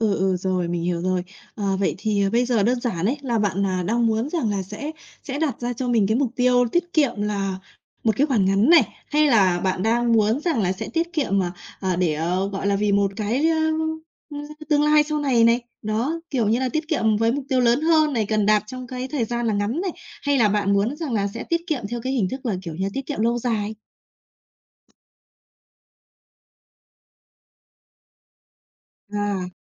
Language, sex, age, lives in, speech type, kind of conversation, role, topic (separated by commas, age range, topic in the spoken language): Vietnamese, female, 30-34, Vietnam, conversation, advice, advisor, Bạn đang chịu áp lực như thế nào khi phải chọn giữa trả nợ và tiết kiệm?